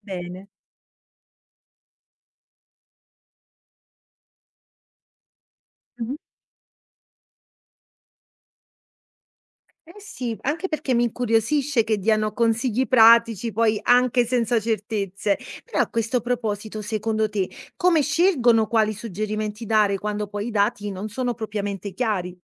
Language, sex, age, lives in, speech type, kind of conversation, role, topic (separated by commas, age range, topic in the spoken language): Italian, female, 30-34, Italy, read, expert-assistant, asker, Come si può imparare ad affrontare l'incertezza nelle decisioni quotidiane?